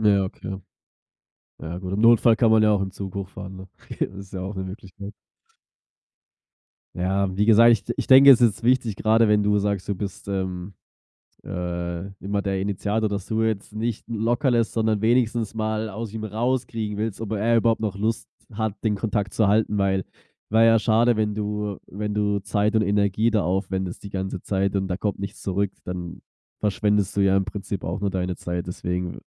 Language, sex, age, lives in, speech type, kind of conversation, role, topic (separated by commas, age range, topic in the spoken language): German, male, 25-29, Germany, conversation, advice, advisor, Wie kann ich den Kontakt zu alten Freundinnen und Freunden besser aufrechterhalten?